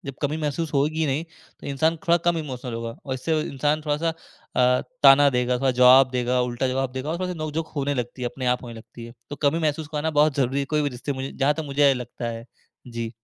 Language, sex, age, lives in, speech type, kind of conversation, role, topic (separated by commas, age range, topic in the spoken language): Hindi, male, 20-24, India, conversation, podcast, guest, अगर आपको अपने परिवार से भावनात्मक दूरी महसूस हो रही हो, तो आप क्या सलाह देंगे?